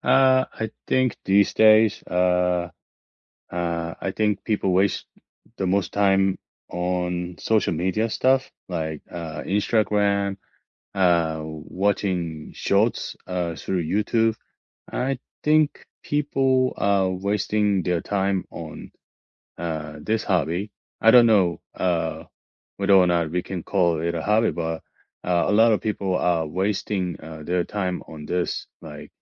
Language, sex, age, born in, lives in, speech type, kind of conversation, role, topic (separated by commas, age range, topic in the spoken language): English, male, 45-49, South Korea, United States, conversation, unstructured, none, What hobby do you think people waste the most time on?